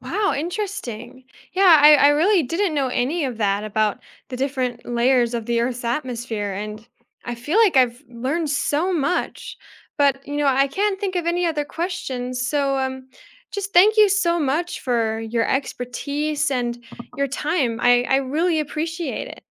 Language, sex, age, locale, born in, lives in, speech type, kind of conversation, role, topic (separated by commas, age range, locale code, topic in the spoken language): English, female, 20-24, en-US, United States, United States, read, expert-assistant, asker, What are the layers of the atmosphere and how do they protect Earth?